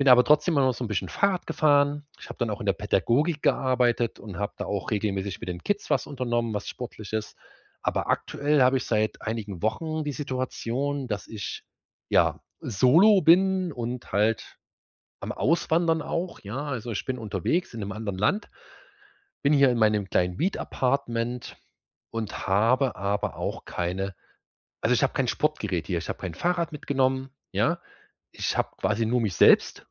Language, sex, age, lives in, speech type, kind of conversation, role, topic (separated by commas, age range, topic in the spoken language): German, male, 30-34, Germany, conversation, advice, user, Wie kann ich im Alltag regelmäßig Bewegung und feste Routinen beibehalten, ohne viel Aufwand?